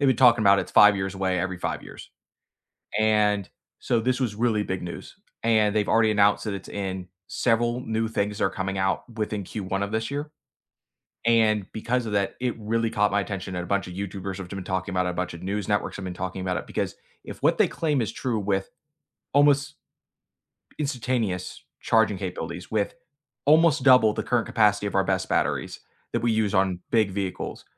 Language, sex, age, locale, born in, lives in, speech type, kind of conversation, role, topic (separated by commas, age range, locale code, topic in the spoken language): English, male, 25-29, en-US, United States, United States, conversation, unstructured, none, What recent news story caught your attention?